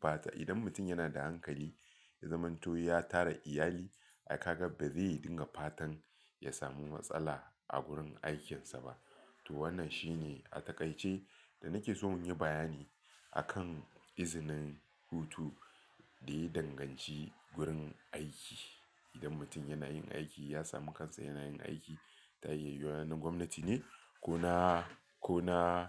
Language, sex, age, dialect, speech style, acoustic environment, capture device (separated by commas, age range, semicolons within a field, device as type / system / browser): Hausa, male, 35-44, Nigeria - Lagos Yoruba, relaxed, nature, mobile / Linux / Chrome